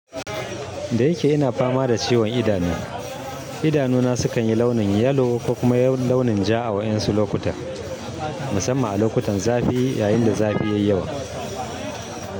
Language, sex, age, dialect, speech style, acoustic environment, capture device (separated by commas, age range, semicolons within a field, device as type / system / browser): Hausa, male, 35-44, Nigeria - Kano, happy, people talking, mobile / Linux / Chrome